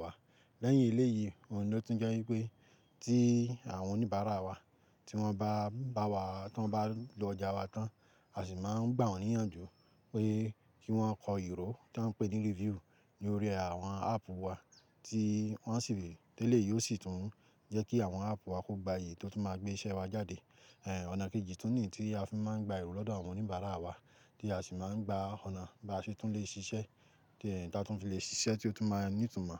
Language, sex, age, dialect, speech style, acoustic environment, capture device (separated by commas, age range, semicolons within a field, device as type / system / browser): Yoruba, male, 25-34, Nigeria - Ibadan, relaxed; happy, nature, mobile / Linux / Chrome